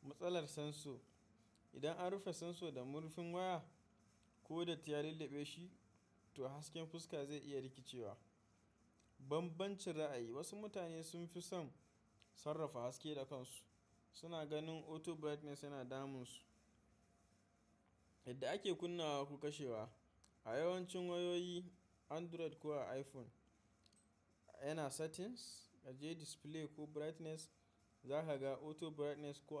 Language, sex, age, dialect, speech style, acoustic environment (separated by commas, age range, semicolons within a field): Hausa, male, 25-34, Nigeria - Kano, relaxed, silence